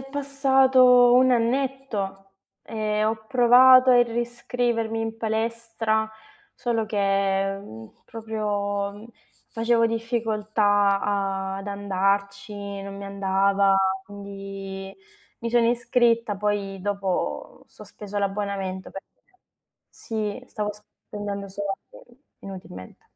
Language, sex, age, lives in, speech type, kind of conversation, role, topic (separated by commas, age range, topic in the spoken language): Italian, female, 25-29, Italy, conversation, advice, user, Come posso gestire l’ansia nel riprendere l’attività fisica dopo un lungo periodo di inattività?